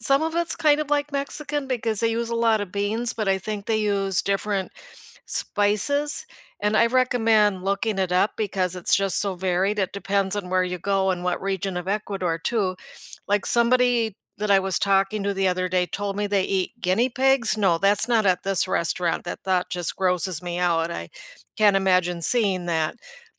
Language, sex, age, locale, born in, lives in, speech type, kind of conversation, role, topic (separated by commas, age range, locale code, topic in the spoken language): English, female, 65-69, en-US, United States, United States, conversation, unstructured, none, Which under-the-radar places around your city are worth exploring together this month, and why do they matter to you?